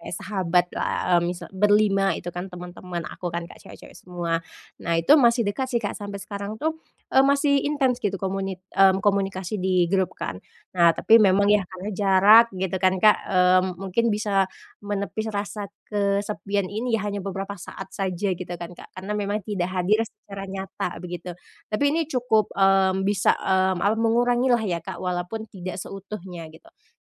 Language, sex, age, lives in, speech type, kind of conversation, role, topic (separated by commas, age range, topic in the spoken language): Indonesian, female, 25-29, Indonesia, conversation, advice, user, Bagaimana cara mengatasi rasa kesepian setelah pindah ke lingkungan baru?